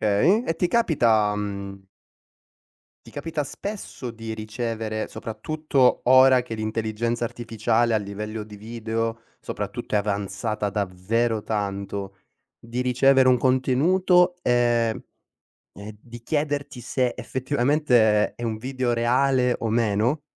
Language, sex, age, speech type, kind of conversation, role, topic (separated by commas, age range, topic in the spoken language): Italian, male, 25-29, conversation, podcast, host, Come capisci se un contenuto è autentico o no?